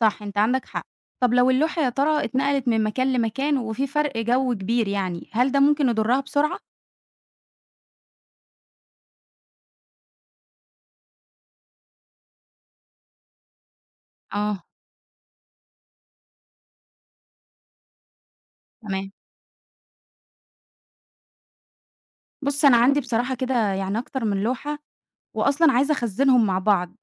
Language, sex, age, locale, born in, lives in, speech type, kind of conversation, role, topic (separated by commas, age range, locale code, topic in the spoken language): Arabic, female, 20-24, ar-EG, Egypt, Egypt, read, expert-assistant, asker, إزاي ممكن نحمي اللوحات الفنية القديمة من التأثر بالرطوبة أو الجو المتغير؟